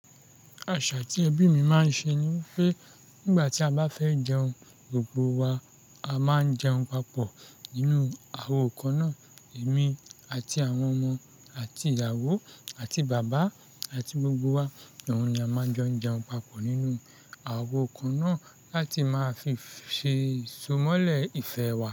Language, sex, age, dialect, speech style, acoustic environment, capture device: Yoruba, male, 25-34, Nigeria - Lagos Yoruba, happy, nature, mobile / Linux / Chrome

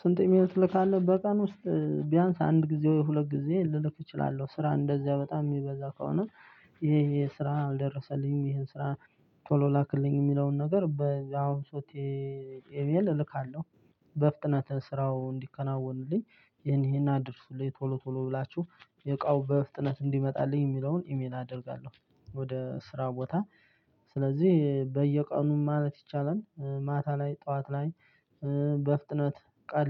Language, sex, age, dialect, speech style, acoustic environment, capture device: Amharic, male, 25-34, Ethiopia - Addis Ababa, excited, silence, desktop / Linux / Chrome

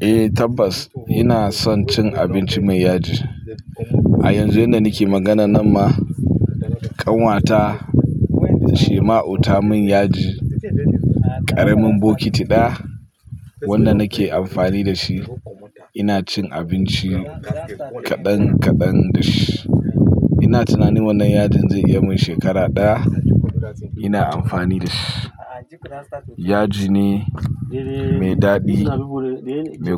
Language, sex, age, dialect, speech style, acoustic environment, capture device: Hausa, male, 25-34, Nigeria - Pidgin-influenced, focused, people talking; nature, mobile / Linux / Chrome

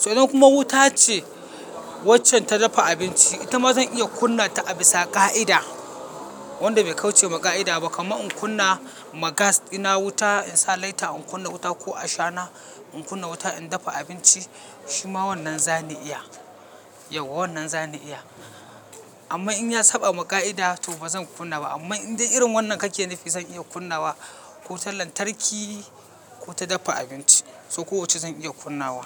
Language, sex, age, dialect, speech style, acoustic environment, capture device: Hausa, male, 25-34, Nigeria - Katsina, relaxed; focused, silence, mobile / Linux / Chrome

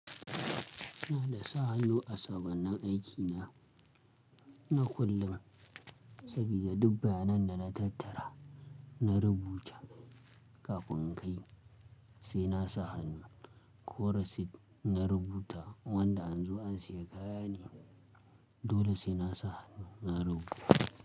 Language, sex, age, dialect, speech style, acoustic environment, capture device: Hausa, male, 25-34, Nigeria - Kano, anxious, silence, mobile / Linux / Chrome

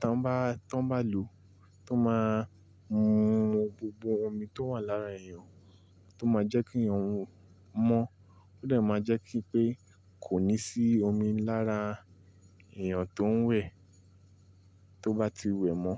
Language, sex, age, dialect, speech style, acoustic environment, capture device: Yoruba, male, 25-34, Nigeria - Nigerian Standard, happy, appliances, mobile / Linux / Chrome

